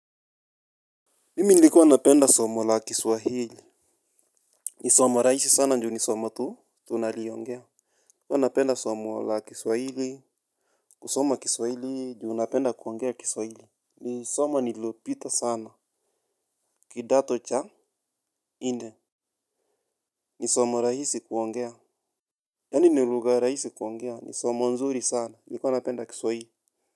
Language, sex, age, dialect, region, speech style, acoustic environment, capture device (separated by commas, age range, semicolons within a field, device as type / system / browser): Swahili, male, 25-34, Kenya - Nairobi Sheng-influenced, Kenya, happy, silence, mobile / Linux / Chrome